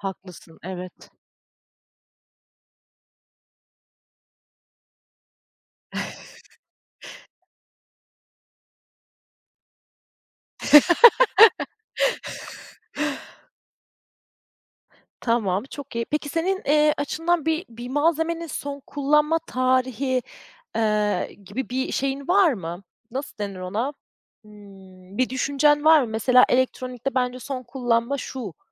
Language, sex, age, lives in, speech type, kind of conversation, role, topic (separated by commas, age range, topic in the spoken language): Turkish, female, 30-34, Germany, conversation, podcast, host, Gıda israfını azaltmak için bireyler neler yapabilir?